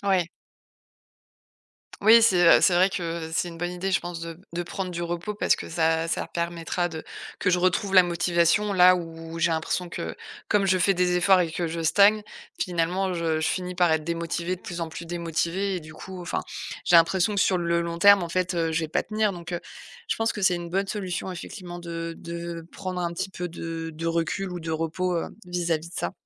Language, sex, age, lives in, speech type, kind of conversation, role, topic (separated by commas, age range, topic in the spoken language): French, female, 35-39, France, conversation, advice, user, Comment puis-je maintenir mes habitudes quand je stagne malgré des efforts constants ?